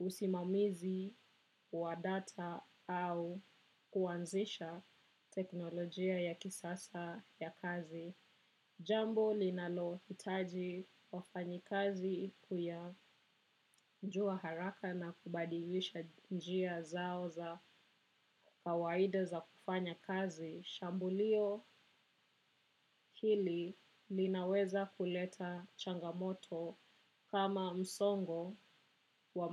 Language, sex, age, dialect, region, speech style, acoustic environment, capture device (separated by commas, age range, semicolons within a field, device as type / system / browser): Swahili, female, 25-34, Kenya - Nairobi Sheng-influenced, Kenya, happy, people talking, desktop / macOS / Chrome